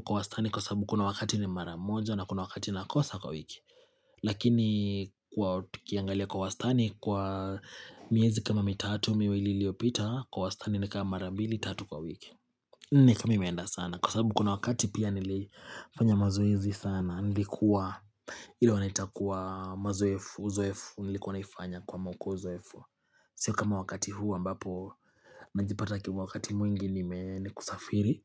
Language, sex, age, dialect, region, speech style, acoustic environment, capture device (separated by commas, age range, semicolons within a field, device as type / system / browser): Swahili, male, 25-34, Kenya - Nairobi Sheng-influenced, Kenya, focused, silence, mobile / Linux / Chrome